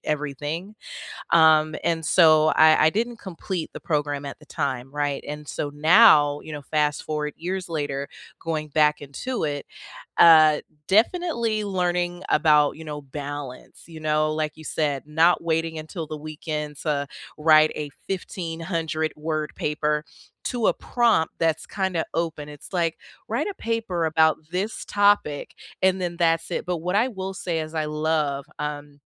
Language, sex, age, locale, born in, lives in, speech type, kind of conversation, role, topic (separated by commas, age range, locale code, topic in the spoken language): English, female, 30-34, en-US, United States, United States, conversation, unstructured, none, How do you recharge after a long, stressful week?